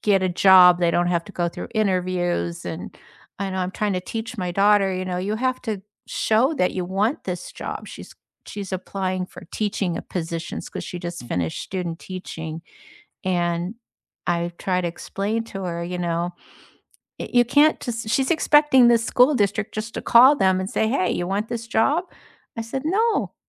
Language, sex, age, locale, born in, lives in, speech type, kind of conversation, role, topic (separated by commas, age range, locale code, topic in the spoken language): English, female, 65-69, en-US, United States, United States, conversation, unstructured, none, Which childhood neighborhood moments still make you smile, and what made that place feel like home?